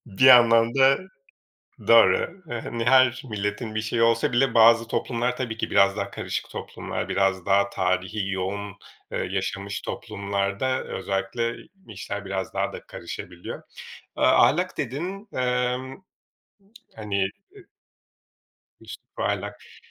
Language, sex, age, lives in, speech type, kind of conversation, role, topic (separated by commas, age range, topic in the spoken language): Turkish, male, 40-44, Portugal, conversation, podcast, host, Bir toplumun en önemli değeri sence nedir?